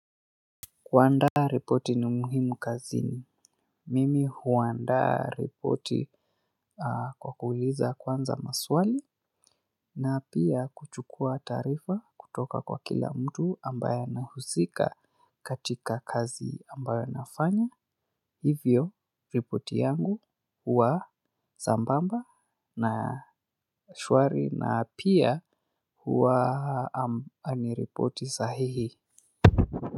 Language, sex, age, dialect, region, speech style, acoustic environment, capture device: Swahili, male, 25-34, Kenya - Nairobi Sheng-influenced, Kenya, excited; focused; relaxed, silence, mobile / Linux / Chrome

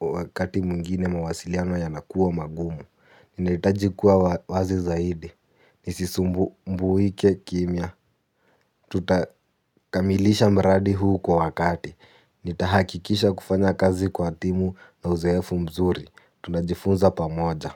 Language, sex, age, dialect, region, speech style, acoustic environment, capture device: Swahili, male, 18-24, Kenya - Nairobi Sheng-influenced, Kenya, happy, nature, mobile / Linux / Chrome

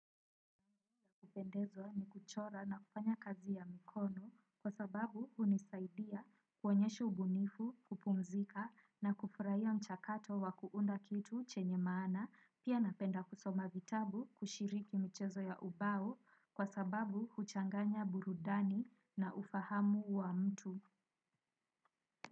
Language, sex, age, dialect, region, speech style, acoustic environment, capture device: Swahili, female, 18-24, Kenya - Nairobi Sheng-influenced, Kenya, relaxed, nature, desktop / Windows / Chrome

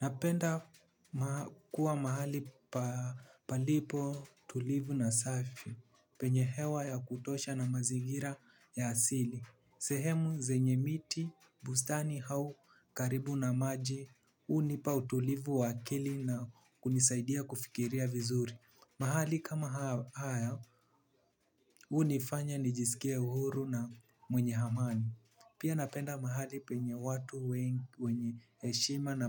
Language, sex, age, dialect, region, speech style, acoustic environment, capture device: Swahili, male, 18-24, Kenya - Nairobi Sheng-influenced, Kenya, relaxed, people talking, mobile / Linux / Chrome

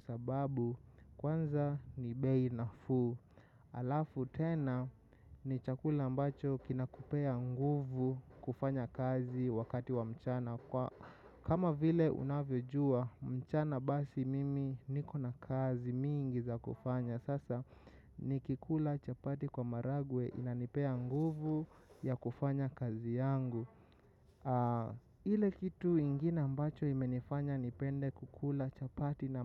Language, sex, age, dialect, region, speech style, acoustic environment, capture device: Swahili, male, 25-34, Kenya - Nairobi Sheng-influenced, Kenya, excited, nature, mobile / macOS / Safari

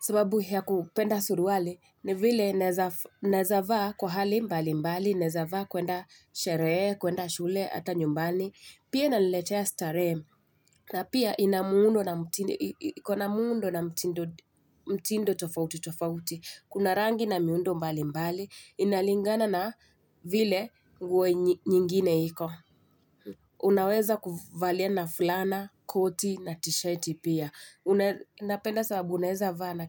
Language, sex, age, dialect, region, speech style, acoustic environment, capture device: Swahili, female, 25-34, Kenya - Mombasa, Kenya, happy, nature; silence, mobile / Linux / Chrome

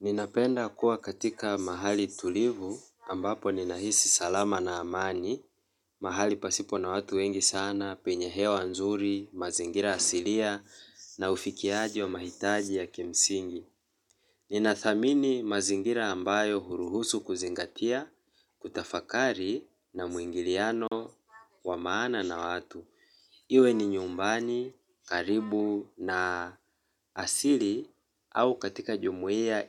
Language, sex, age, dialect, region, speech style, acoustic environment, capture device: Swahili, male, 25-34, Kenya - Mombasa, Kenya, relaxed, silence, mobile / Linux / Chrome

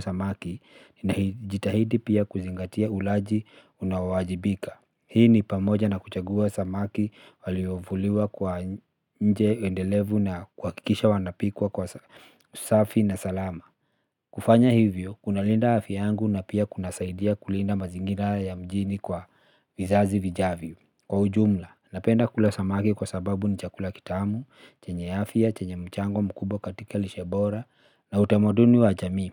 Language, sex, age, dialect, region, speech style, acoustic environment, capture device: Swahili, male, 35-44, Kenya - Nairobi Sheng-influenced, Kenya, relaxed, silence, mobile / Linux / Chrome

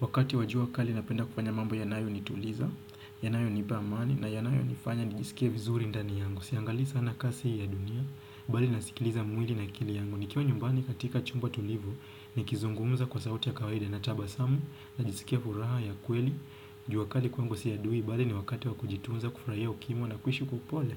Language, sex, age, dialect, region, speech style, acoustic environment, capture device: Swahili, male, 25-34, Kenya - Mombasa, Kenya, happy, silence, desktop / Windows / Chrome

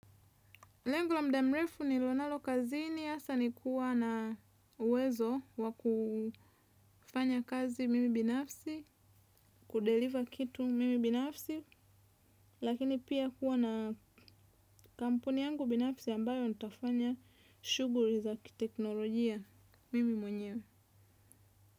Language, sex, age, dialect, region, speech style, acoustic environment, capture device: Swahili, female, 25-34, Tanzania - Dar es Salaam, Tanzania, relaxed, nature; silence; appliances, desktop / Windows / Chrome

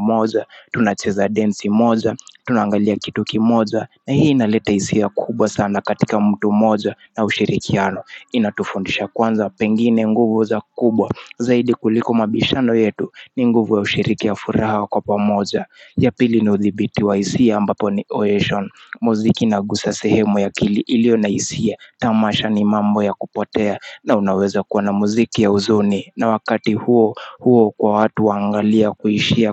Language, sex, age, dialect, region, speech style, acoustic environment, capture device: Swahili, male, 25-34, Kenya - Mombasa, Kenya, relaxed, nature, mobile / Linux / Chrome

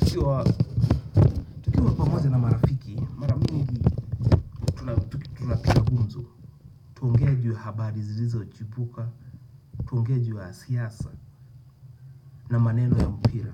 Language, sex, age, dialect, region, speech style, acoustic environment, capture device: Swahili, male, 25-34, Kenya - Nairobi Sheng-influenced, Kenya, anxious, nature, desktop / Windows / Chrome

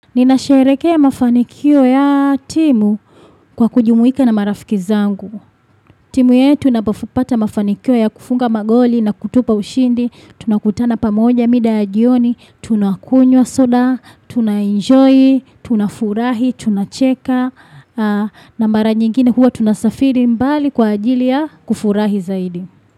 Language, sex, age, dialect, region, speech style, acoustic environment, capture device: Swahili, female, 25-34, Tanzania - Dar es Salaam, Tanzania, focused, traffic; nature, desktop / Windows / Chrome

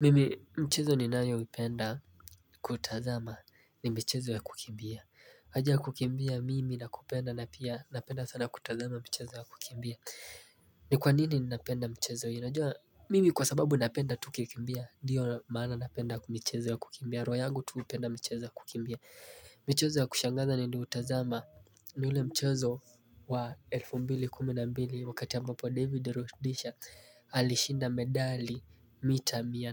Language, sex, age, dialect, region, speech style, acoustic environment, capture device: Swahili, male, 18-24, Kenya - Mombasa, Kenya, happy, silence, mobile / Linux / Chrome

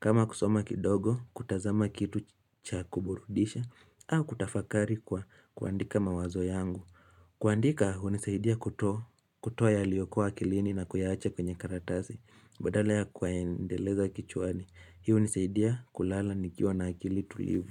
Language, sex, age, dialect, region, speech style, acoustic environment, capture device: Swahili, male, 18-24, Kenya - Nairobi Sheng-influenced, Kenya, focused; relaxed, silence, mobile / Linux / Chrome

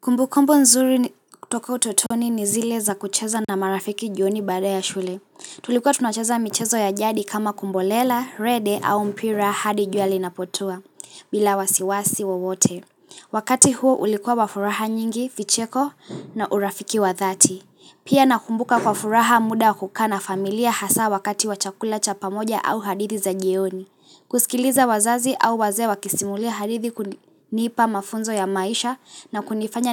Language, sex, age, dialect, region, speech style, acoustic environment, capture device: Swahili, female, 18-24, Kenya - Mombasa, Kenya, relaxed, silence, mobile / Linux / Chrome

